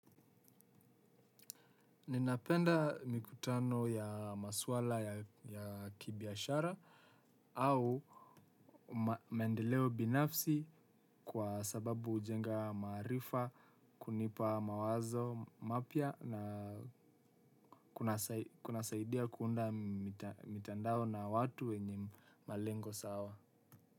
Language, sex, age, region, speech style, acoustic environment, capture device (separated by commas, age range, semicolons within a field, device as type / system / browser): Swahili, male, 18-24, Kenya, focused; excited; happy; relaxed, silence, desktop / Windows / Chrome